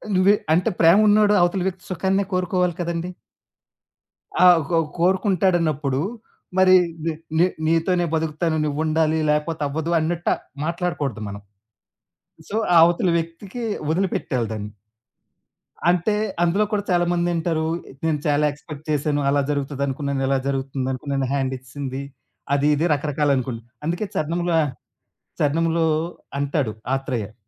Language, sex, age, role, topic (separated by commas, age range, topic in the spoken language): Telugu, male, 35-39, guest, సినిమా పాటలు మీ సంగీతాభిరుచిపై ఎంత ప్రభావం చూపుతున్నాయి?